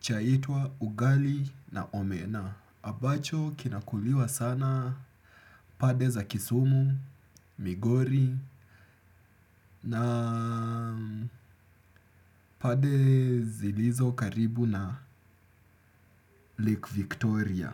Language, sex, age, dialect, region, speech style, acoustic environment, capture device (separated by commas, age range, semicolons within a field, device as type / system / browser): Swahili, male, 25-34, Kenya - Nairobi Sheng-influenced, Kenya, happy; relaxed; excited; focused, nature; silence; appliances, desktop / Windows / Chrome